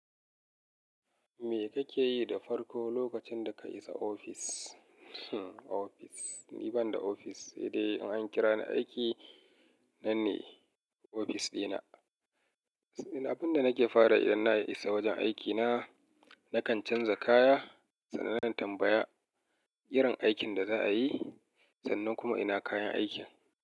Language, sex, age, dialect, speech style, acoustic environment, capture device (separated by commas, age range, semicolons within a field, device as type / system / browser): Hausa, male, 35-44, Nigeria - Kano, happy; relaxed; excited, nature, mobile / Linux / Chrome